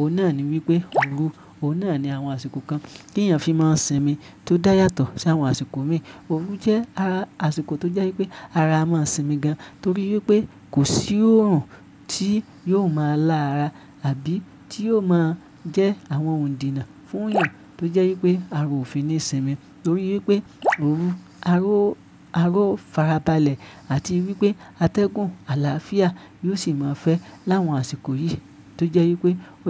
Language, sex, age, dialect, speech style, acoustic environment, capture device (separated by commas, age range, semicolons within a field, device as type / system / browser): Yoruba, male, 25-34, Nigeria - Ibadan, focused; happy; relaxed, silence; nature, mobile / Linux / Chrome